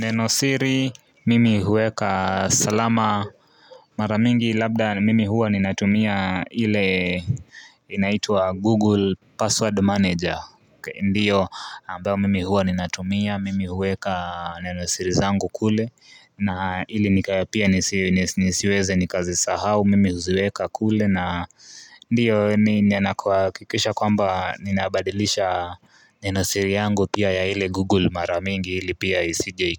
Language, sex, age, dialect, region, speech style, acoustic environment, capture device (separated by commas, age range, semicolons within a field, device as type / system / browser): Swahili, male, 18-24, Kenya - Nairobi Sheng-influenced, Kenya, relaxed, nature, mobile / Linux / Chrome